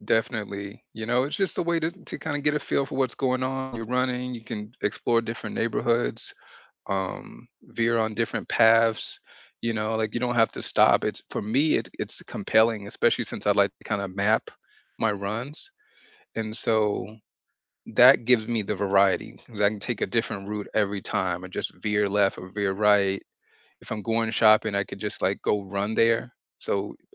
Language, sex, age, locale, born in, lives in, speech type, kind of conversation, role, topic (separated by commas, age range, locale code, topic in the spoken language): English, male, 40-44, en-US, United States, United States, conversation, unstructured, none, How do you keep exercise fun and exciting?